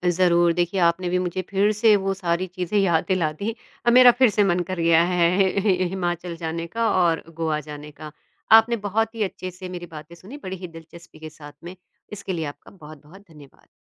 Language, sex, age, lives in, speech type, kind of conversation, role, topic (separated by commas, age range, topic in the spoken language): Hindi, female, 50-54, India, conversation, podcast, guest, किस जगह पर आप भविष्य में फिर लौटना चाहेंगे, और क्यों?